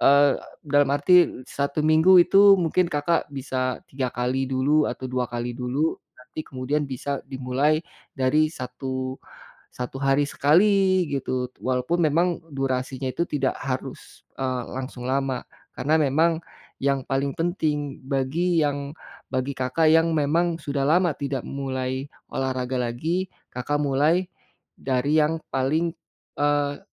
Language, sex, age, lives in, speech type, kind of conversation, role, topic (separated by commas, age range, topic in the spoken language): Indonesian, male, 45-49, Indonesia, conversation, advice, advisor, Bagaimana cara memulai latihan lagi setelah lama berhenti dan bingung harus mulai dari mana?